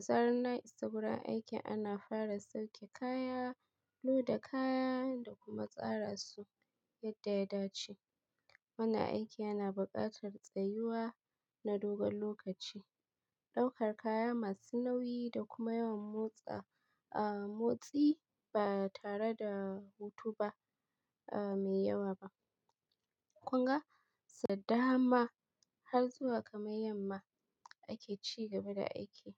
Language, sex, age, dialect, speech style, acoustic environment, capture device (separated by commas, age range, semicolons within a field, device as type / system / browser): Hausa, female, 25-34, Nigeria - Kano, happy; stressed; focused; tired, people talking; appliances, mobile / Linux / Chrome